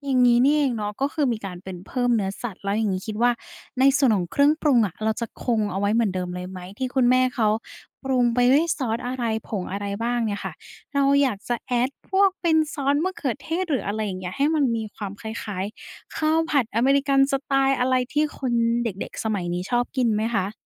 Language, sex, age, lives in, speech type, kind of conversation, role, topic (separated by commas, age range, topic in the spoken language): Thai, female, 20-24, Thailand, conversation, podcast, host, คุณอยากให้ลูกหลานรู้จักและสืบทอดเมนูนี้ในแบบไหน?